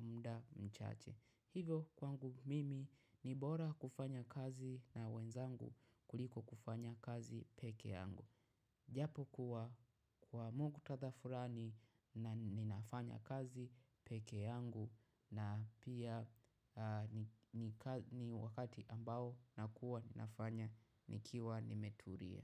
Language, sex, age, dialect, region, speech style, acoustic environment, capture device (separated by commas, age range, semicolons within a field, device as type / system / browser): Swahili, male, 25-34, Tanzania - Dar es Salaam, Tanzania, happy, silence, mobile / macOS / Safari